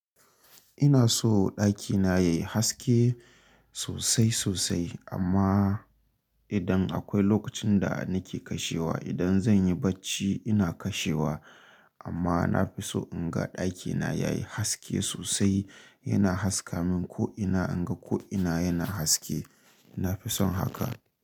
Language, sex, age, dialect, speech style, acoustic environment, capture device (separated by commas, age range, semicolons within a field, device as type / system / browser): Hausa, male, 25-34, Nigeria - Kano, excited, nature, mobile / Linux / Chrome